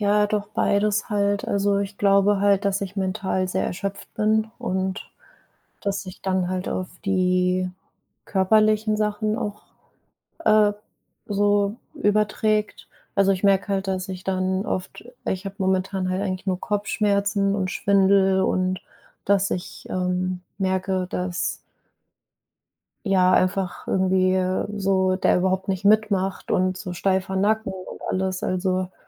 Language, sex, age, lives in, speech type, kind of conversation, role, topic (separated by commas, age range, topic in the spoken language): German, female, 25-29, Germany, conversation, advice, user, Wie erlebst du deine chronische Erschöpfung, und welche Anzeichen von Burnout bemerkst du?